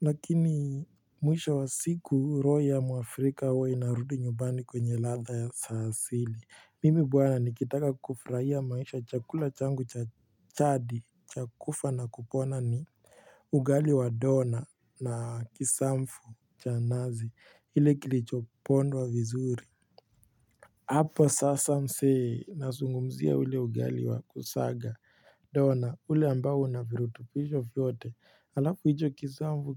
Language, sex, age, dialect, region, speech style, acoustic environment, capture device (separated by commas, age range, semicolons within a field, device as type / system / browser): Swahili, male, 18-24, Kenya - Nairobi Sheng-influenced, Kenya, relaxed, silence, mobile / Linux / Chrome